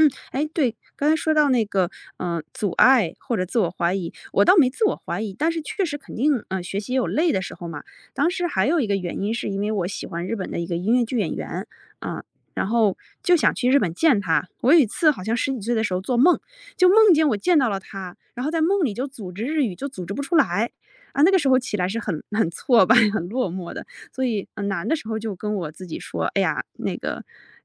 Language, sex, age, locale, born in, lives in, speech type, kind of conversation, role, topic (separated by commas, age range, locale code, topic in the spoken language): Chinese, female, 35-39, zh-CN, China, United States, conversation, podcast, guest, 有没有一段学习经历彻底改变了你？